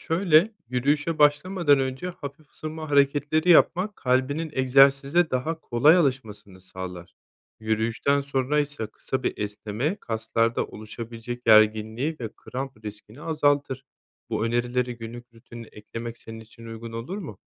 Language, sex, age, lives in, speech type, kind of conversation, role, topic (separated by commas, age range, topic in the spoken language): Turkish, male, 30-34, Spain, read, expert-assistant, expert, Düzenli yürüyüşlerin kalp sağlığına faydaları nelerdir?